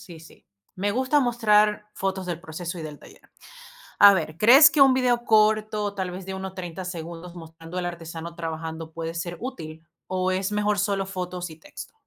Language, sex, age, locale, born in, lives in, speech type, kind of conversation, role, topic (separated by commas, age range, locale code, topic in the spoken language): Spanish, female, 35-39, es-VE, Venezuela, United States, read, expert-assistant, asker, ¿Qué diferencia existe realmente entre el arte popular y la artesanía? Siempre escucho ambos términos, pero no sé si significan lo mismo o hay matices importantes.